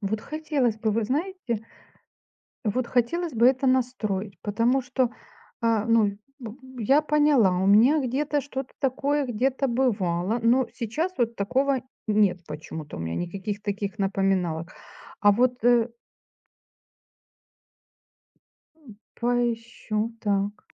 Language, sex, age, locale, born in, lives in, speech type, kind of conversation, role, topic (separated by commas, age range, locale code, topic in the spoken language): Russian, female, 45-49, ru-RU, Ukraine, Spain, conversation, unstructured, none, Как вы относитесь к использованию социальных сетей?